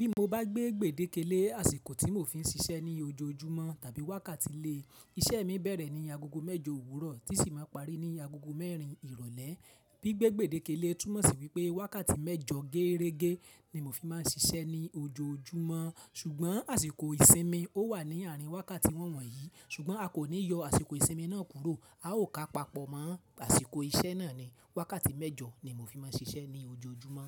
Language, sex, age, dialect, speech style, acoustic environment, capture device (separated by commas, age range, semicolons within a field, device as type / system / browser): Yoruba, male, 25-34, Nigeria - Ibadan, relaxed; excited; focused, people talking; nature; appliances, mobile / Linux / Chrome